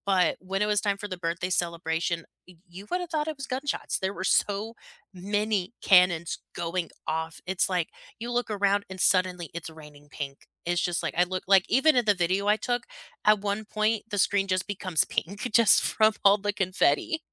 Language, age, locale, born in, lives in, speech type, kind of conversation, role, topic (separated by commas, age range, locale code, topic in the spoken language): English, 25-29, en-US, United States, United States, conversation, unstructured, none, Which concert moments still give you goosebumps, and what made them unforgettable for you?